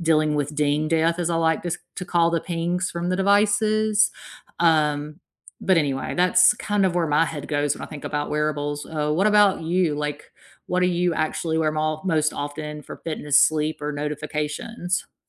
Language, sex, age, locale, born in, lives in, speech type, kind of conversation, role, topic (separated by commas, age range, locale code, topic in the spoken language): English, female, 40-44, en-US, United States, United States, conversation, unstructured, none, Which wearables do you actually wear most often for fitness, sleep, or notifications?